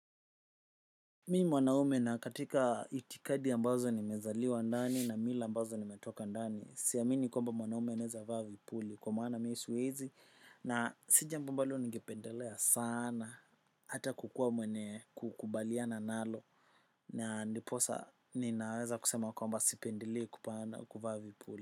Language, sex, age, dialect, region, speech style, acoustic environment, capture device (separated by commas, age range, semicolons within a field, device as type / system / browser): Swahili, male, 25-34, Kenya - Nairobi Sheng-influenced, Kenya, focused, silence, desktop / macOS / Chrome